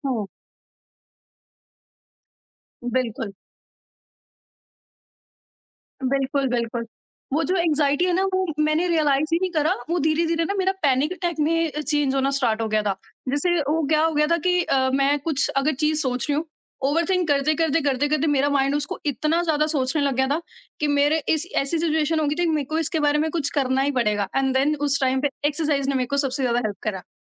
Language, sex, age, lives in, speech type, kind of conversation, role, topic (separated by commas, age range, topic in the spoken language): Hindi, female, 25-29, India, conversation, podcast, guest, Aapne rozana vyayam ko apni aadat kaise banaya?